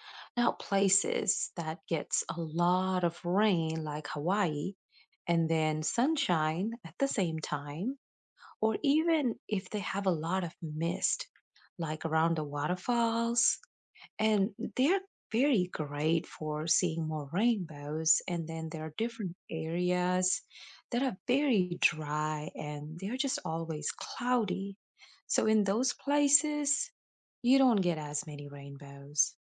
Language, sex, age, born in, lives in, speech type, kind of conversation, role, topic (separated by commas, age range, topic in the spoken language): English, female, 35-39, India, United States, read, expert-assistant, expert, What causes a rainbow to form after it rains?